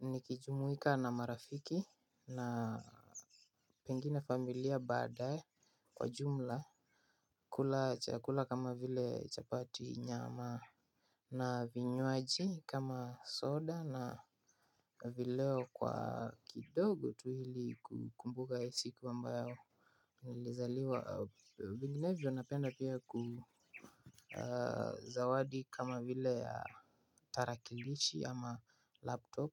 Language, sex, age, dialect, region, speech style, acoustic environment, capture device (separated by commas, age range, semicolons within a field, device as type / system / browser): Swahili, male, 18-24, Kenya - Nairobi Sheng-influenced, Kenya, relaxed, nature, mobile / Linux / Chrome